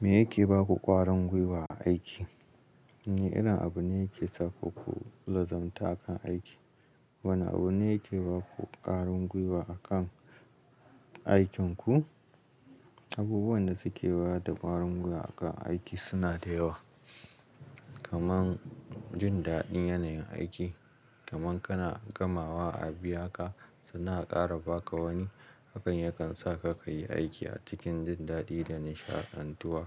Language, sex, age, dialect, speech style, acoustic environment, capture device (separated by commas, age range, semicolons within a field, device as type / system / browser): Hausa, male, 35-44, Nigeria - Kano, happy, silence, mobile / Linux / Chrome